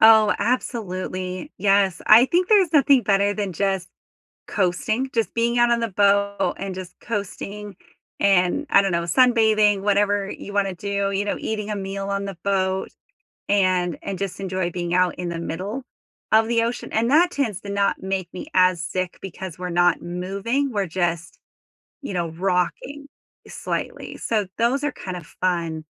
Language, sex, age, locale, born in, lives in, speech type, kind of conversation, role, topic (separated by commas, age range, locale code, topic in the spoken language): English, female, 35-39, en-US, United States, United States, conversation, unstructured, none, What is your favorite way to enjoy nature outdoors?